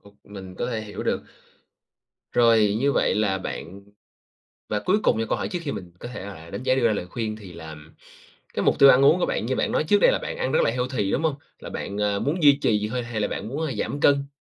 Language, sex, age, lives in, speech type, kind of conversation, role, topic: Vietnamese, male, 25-29, Vietnam, conversation, advice, advisor, Làm sao để bỏ thói quen ăn vặt vào buổi tối để không phá vỡ chế độ ăn?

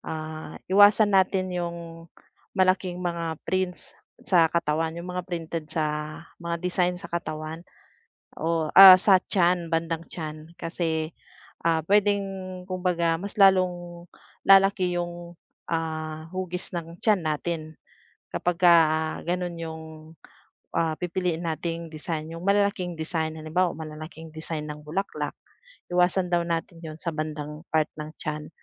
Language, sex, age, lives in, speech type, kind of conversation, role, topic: Filipino, female, 40-44, Philippines, conversation, advice, advisor, Paano ako pipili ng damit na akma sa pangangatawan ko?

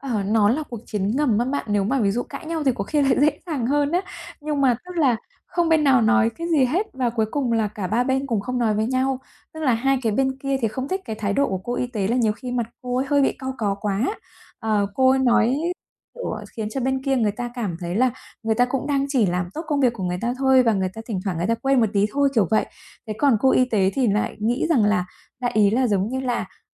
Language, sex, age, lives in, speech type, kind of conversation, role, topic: Vietnamese, female, 35-39, Vietnam, conversation, advice, user, Làm sao để giải quyết xung đột trong nhóm bạn?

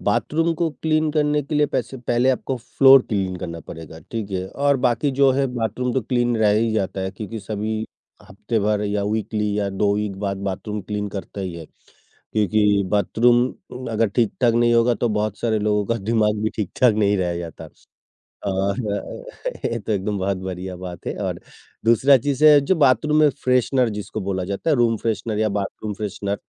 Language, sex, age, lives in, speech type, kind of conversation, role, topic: Hindi, male, 55-59, India, conversation, podcast, host, Mehmaan aane se pehle tum ghar ko kaise taiyaar karte ho?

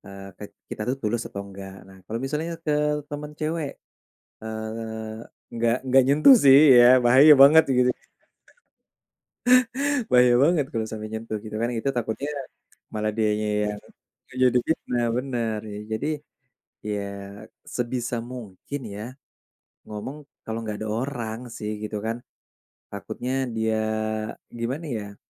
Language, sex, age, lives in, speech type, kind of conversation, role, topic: Indonesian, male, 30-34, Indonesia, conversation, podcast, guest, Bagaimana cara mengucapkan maaf yang menurutmu terasa tulus?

